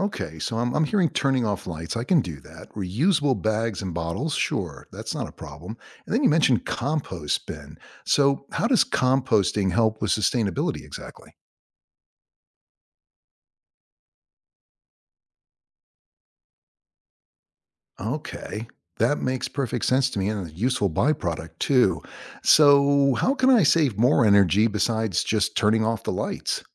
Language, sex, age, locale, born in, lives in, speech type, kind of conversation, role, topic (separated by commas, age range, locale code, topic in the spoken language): English, male, 60-64, en-US, United States, United States, read, expert-assistant, asker, What are some ways to promote sustainability at home?